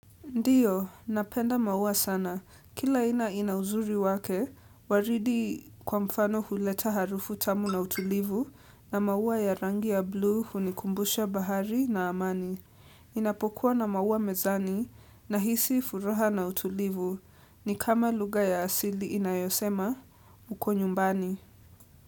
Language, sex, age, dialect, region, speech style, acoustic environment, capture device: Swahili, female, 18-24, Kenya - Nairobi Sheng-influenced, Kenya, relaxed, silence, desktop / Windows / Edge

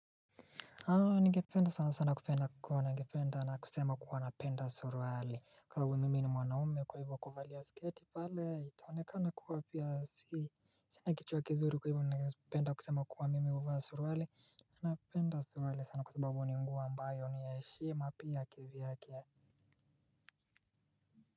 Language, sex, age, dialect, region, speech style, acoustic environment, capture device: Swahili, male, 25-34, Kenya - Mombasa, Kenya, relaxed, silence, mobile / Linux / Chrome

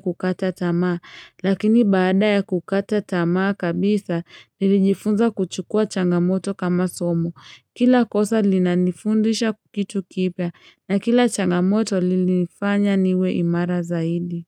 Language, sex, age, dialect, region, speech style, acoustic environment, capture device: Swahili, female, 25-34, Kenya - Nairobi Sheng-influenced, Kenya, happy; excited, people talking; traffic, mobile / Linux / Chrome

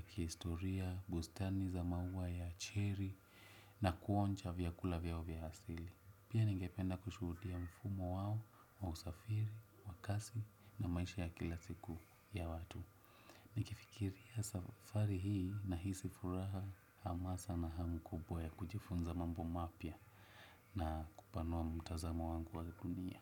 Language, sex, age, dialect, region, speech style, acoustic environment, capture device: Swahili, male, 25-34, Kenya - Nairobi Sheng-influenced, Kenya, happy; relaxed, nature; silence, desktop / Windows / Chrome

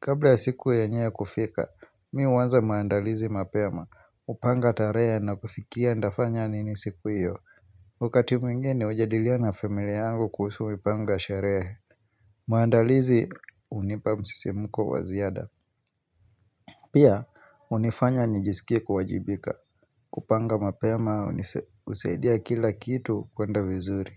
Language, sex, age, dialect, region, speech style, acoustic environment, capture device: Swahili, male, 25-34, Kenya - Mombasa, Kenya, focused, nature, mobile / Linux / Chrome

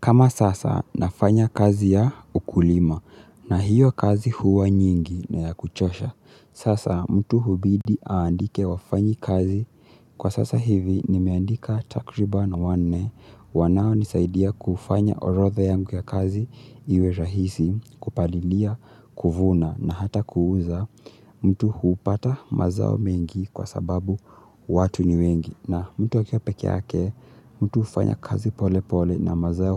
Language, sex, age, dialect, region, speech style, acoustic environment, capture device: Swahili, male, 18-24, Kenya - Mombasa, Kenya, relaxed; excited, silence; nature, mobile / Linux / Chrome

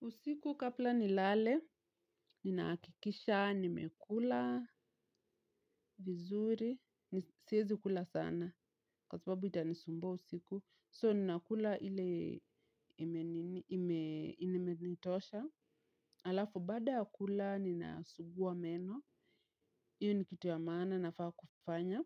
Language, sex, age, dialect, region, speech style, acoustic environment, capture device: Swahili, female, 25-34, Kenya - Nairobi Sheng-influenced, Kenya, relaxed, nature, mobile / Linux / Chrome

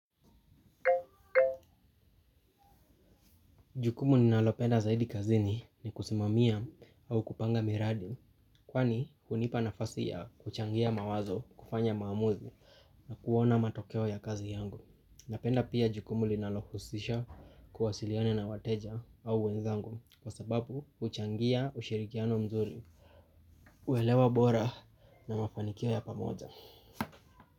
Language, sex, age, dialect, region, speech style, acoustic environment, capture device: Swahili, male, 25-34, Kenya - Nairobi Sheng-influenced, Kenya, happy, nature, mobile / Linux / Chrome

